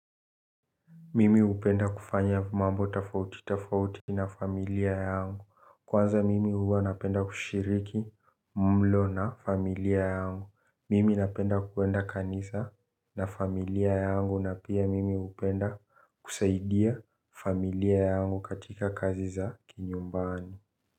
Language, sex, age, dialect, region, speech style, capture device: Swahili, male, 18-24, Kenya - Nairobi Sheng-influenced, Kenya, relaxed, mobile / Linux / Chrome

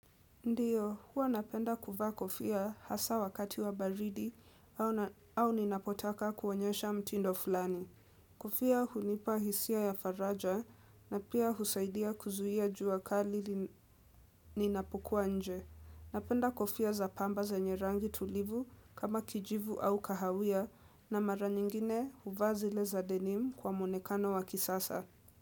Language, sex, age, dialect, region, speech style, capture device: Swahili, female, 18-24, Kenya - Nairobi Sheng-influenced, Kenya, relaxed, desktop / Windows / Edge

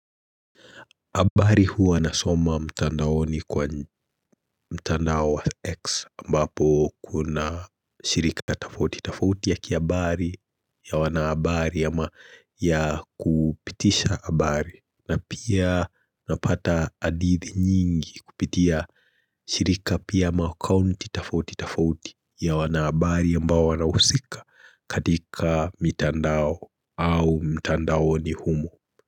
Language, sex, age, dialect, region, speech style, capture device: Swahili, male, 25-34, Kenya - Nairobi Sheng-influenced, Kenya, anxious, mobile / Linux / Chrome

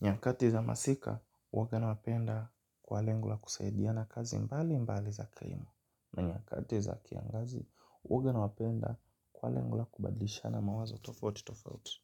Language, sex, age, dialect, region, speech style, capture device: Swahili, male, 18-24, Kenya - Nairobi Sheng-influenced, Kenya, happy, mobile / Linux / Chrome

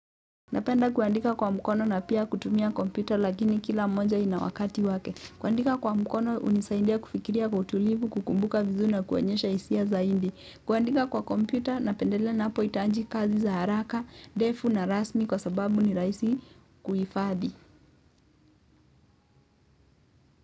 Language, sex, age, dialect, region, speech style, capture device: Swahili, female, 18-24, Kenya - Mombasa, Kenya, focused, mobile / Linux / Chrome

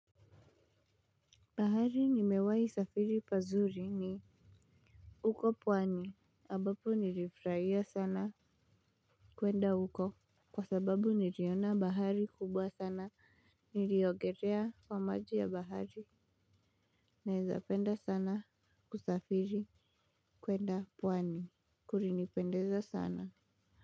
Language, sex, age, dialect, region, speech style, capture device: Swahili, male, 18-24, Kenya - Nairobi Sheng-influenced, Kenya, excited, mobile / Linux / Chrome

